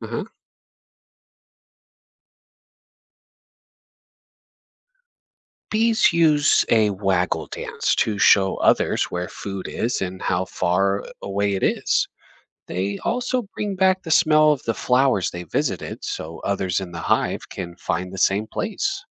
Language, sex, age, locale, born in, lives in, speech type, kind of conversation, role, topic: English, male, 35-39, en-US, United States, United States, read, expert-assistant, expert, How do bees communicate food locations to others?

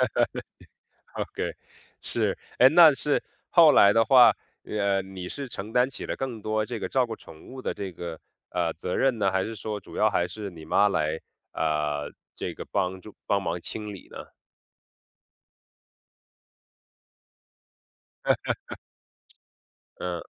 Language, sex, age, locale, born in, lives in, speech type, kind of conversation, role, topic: Chinese, male, 30-34, zh-CN, China, United States, conversation, podcast, host, 在家里养宠物会对“家的感觉”产生很大影响吗？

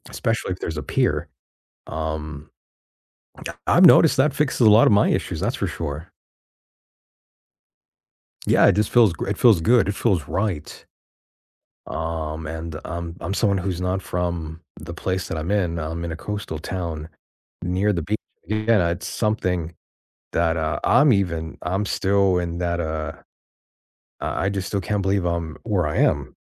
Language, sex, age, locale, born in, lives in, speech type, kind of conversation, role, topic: English, male, 35-39, en-US, United States, United States, conversation, unstructured, none, How do you usually cheer yourself up on a bad day?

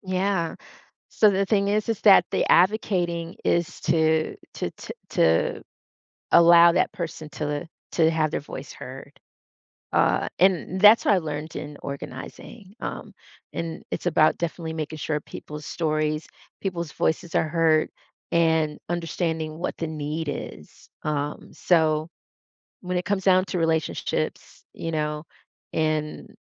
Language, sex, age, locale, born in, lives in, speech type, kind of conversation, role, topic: English, female, 55-59, en-US, United States, United States, conversation, podcast, host, What makes someone feel truly heard in a conversation?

